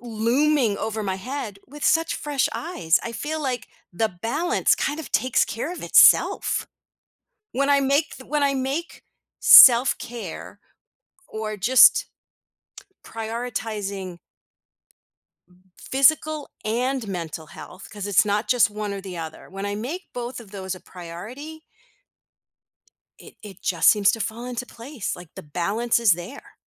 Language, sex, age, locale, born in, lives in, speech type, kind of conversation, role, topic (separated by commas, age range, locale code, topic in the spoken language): English, female, 55-59, en-US, United States, United States, conversation, podcast, guest, Why is it important to find a self-care routine that works for you?